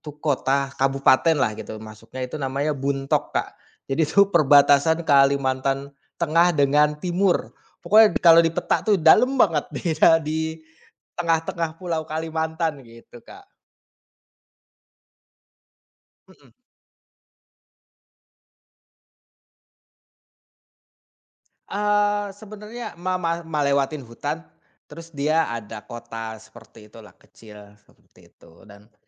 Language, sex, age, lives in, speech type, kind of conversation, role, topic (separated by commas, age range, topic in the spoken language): Indonesian, male, 30-34, Indonesia, conversation, podcast, guest, Pernahkah kamu bertemu satwa liar saat sedang berjalan-jalan, dan bagaimana reaksimu saat itu?